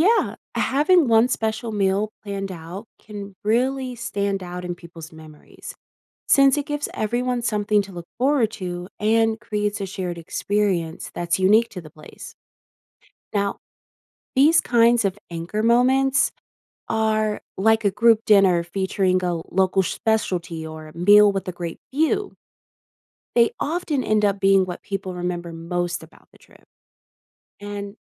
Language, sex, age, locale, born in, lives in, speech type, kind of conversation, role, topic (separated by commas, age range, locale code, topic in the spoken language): English, female, 20-24, en-US, United States, United States, read, expert-assistant, expert, How much should you plan a trip in advance versus leaving room for spontaneous adventures?